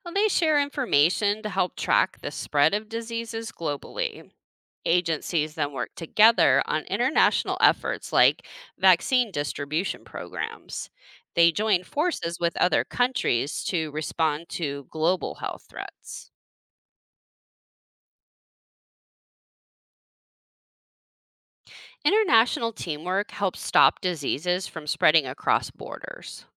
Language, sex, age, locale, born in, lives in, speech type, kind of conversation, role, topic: English, female, 40-44, en-US, United States, United States, read, expert-assistant, expert, What is the role of government in public health?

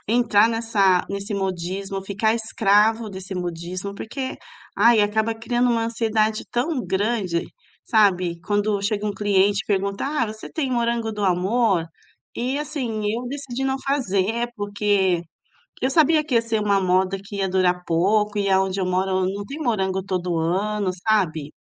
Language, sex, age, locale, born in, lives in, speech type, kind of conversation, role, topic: Portuguese, female, 45-49, pt-BR, Brazil, Italy, conversation, advice, user, Como posso lidar com o medo de falhar que me impede de tentar ideias novas?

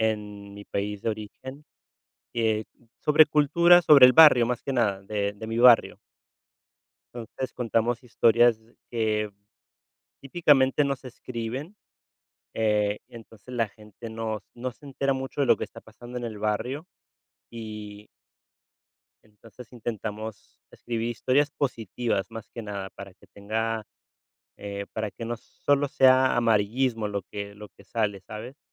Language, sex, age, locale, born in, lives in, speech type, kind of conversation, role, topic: Spanish, male, 35-39, es-US, United States, Spain, conversation, unstructured, none, ¿Cómo imaginas tu carrera en cinco años?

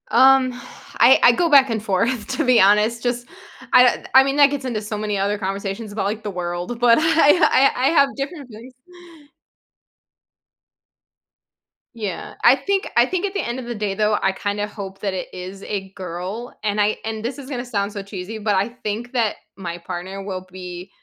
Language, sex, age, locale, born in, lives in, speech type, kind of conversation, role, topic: English, female, 35-39, en-US, United States, United States, conversation, advice, user, How can I cope with excitement and nervousness as I become a new parent?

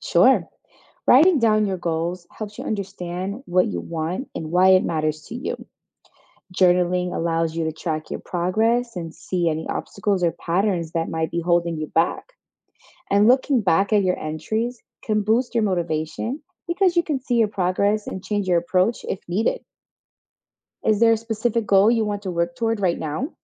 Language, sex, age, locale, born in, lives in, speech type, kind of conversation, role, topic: English, female, 25-29, en-US, United States, United States, read, expert-assistant, expert, What are the advantages of keeping a journal?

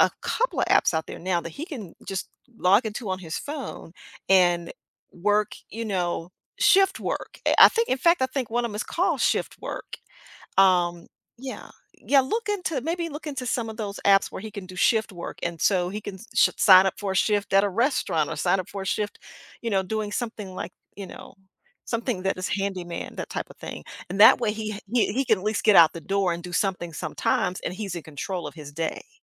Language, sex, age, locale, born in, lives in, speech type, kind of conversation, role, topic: English, female, 55-59, en-US, United States, United States, conversation, advice, advisor, How can I set boundaries without feeling guilty?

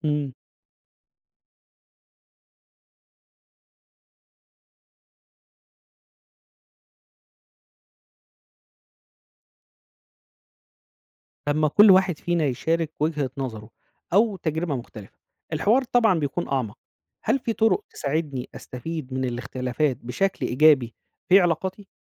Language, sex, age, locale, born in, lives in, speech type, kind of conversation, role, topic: Arabic, male, 35-39, ar-EG, Egypt, Egypt, read, expert-assistant, asker, إزاي أقدر أبني علاقات صداقات قوية في مدينة كبيرة زي القاهرة، خصوصًا مع سرعة الحياة وتغير الناس؟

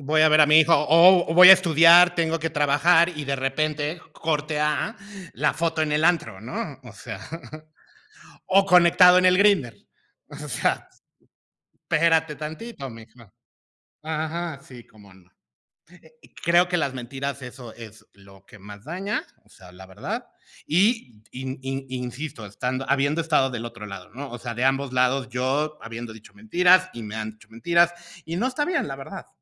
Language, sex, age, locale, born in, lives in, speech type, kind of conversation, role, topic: Spanish, male, 45-49, es-MX, Mexico, Mexico, conversation, unstructured, none, ¿Qué importancia tiene la confianza en una relación de pareja?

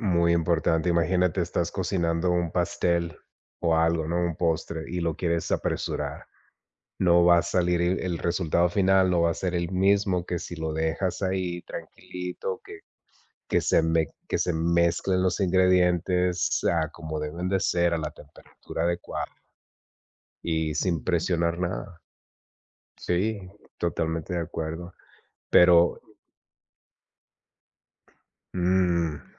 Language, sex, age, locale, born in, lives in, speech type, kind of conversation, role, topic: Spanish, male, 40-44, es-MX, United States, United States, conversation, unstructured, none, ¿Qué valoras más en una relación amorosa?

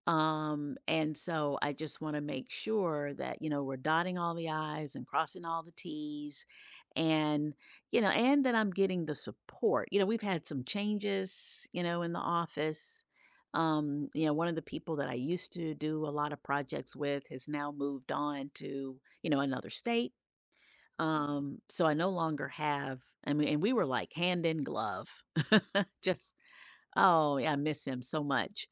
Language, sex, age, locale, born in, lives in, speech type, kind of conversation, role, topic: English, female, 55-59, en-US, United States, United States, conversation, advice, user, How can I manage feeling overwhelmed by work deadlines and responsibilities?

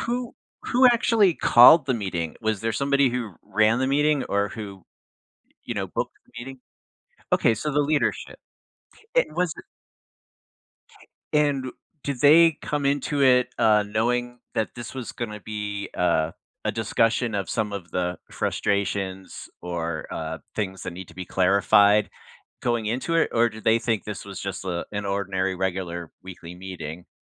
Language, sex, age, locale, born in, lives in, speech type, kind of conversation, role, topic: English, male, 60-64, en-US, United States, United States, conversation, advice, advisor, How do I recover gracefully after saying something awkward in a meeting?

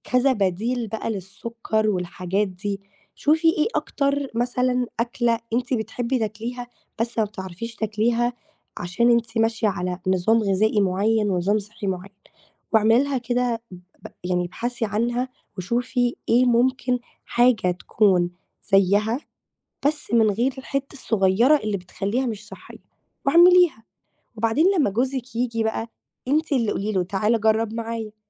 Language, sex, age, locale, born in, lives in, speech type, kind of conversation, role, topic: Arabic, female, 18-19, ar-EG, Egypt, Greece, conversation, advice, advisor, إزاي رجعت لعاداتك القديمة بعد كام أسبوع من الالتزام بروتين صحي؟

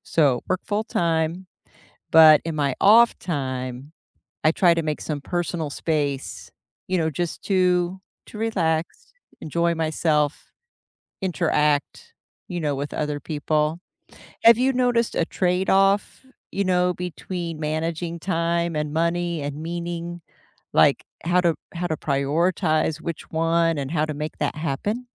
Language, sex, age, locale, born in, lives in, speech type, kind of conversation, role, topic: English, female, 55-59, en-US, United States, United States, conversation, unstructured, none, How do you find a daily balance between your time, your money, and what feels meaningful?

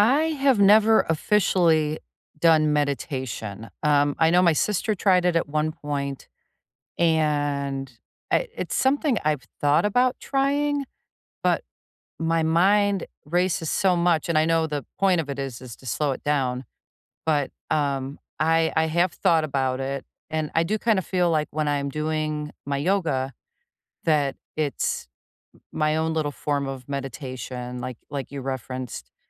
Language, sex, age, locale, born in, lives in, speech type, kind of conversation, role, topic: English, female, 55-59, en-US, United States, United States, conversation, unstructured, none, What small daily habit has recently brightened your days, and why does it help you?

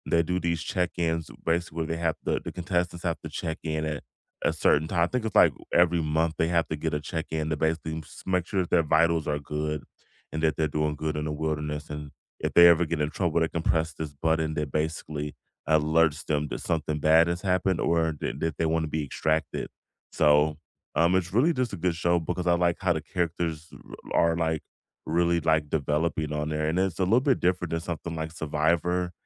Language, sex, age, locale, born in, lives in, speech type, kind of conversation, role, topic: English, male, 35-39, en-US, United States, United States, conversation, unstructured, none, Which reality shows do you secretly love and also openly defend?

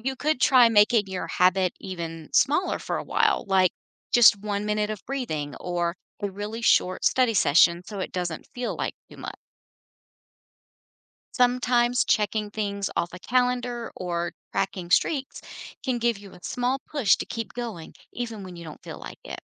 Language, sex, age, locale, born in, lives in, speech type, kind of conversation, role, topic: English, female, 50-54, en-US, United States, United States, read, expert-assistant, expert, What are practical ways to reduce stress during exams?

